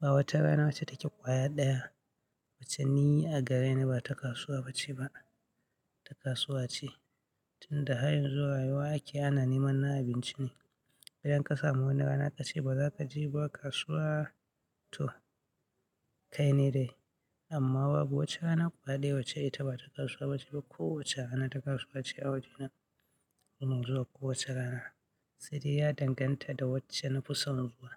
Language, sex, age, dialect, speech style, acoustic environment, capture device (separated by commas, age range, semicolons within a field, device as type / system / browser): Hausa, male, 25-34, Nigeria - Katsina, happy; anxious; nervous; excited; focused; tired; stressed; relaxed, people talking; music; nature; silence; construction; appliances; traffic, mobile / Linux / Chrome